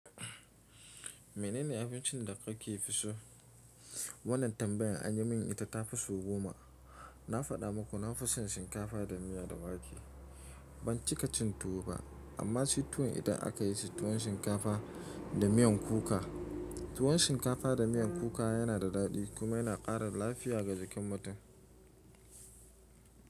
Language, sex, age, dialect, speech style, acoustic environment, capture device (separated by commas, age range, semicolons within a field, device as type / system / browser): Hausa, male, 25-34, Nigeria - Nigerian Standard, happy; focused, silence, mobile / Linux / Chrome